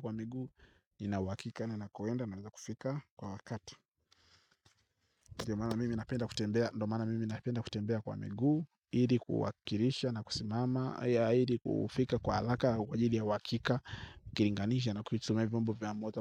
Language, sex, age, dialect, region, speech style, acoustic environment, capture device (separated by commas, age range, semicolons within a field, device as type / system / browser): Swahili, male, 25-34, Tanzania - Dar es Salaam, Tanzania, relaxed, nature; silence, mobile / Linux / Chrome